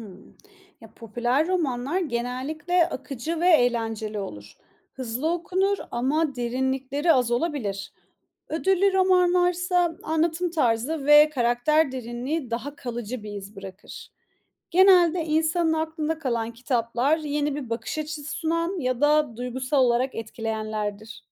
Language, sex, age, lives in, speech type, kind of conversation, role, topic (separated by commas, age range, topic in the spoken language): Turkish, female, 30-34, Estonia, read, expert-assistant, expert, Popüler romanlar mı yoksa ödüllü romanlar mı daha çok akılda kalıyor? Neden?